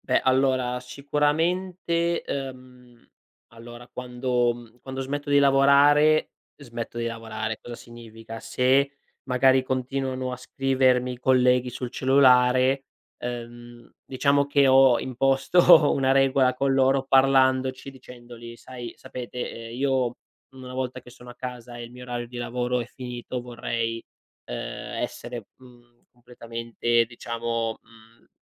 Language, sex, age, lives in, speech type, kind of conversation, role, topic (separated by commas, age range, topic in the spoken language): Italian, male, 25-29, Italy, conversation, podcast, guest, Come bilanci il lavoro e la vita privata?